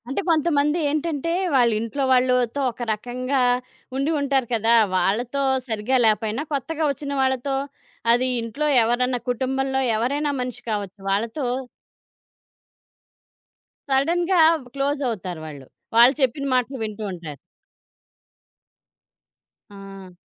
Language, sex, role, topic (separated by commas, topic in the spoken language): Telugu, female, host, కుటుంబంలో వ్యక్తిగత సరిహద్దులు ఎలా పెట్టుకుంటారు?